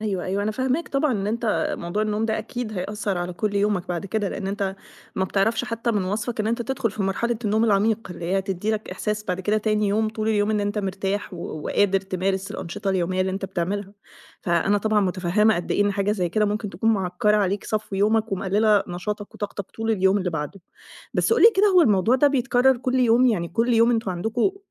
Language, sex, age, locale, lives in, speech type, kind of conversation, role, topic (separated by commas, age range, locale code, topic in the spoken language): Arabic, female, 30-34, ar-EG, Egypt, conversation, advice, advisor, إزاي شريك النوم بيأثر على جودة نومي؟